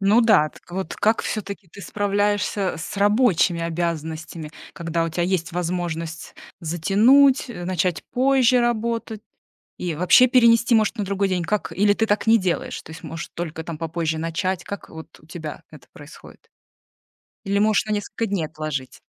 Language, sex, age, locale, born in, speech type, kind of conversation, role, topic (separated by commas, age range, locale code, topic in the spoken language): Russian, female, 40-44, ru-RU, Russia, conversation, podcast, host, Как ты справляешься с прокрастинацией?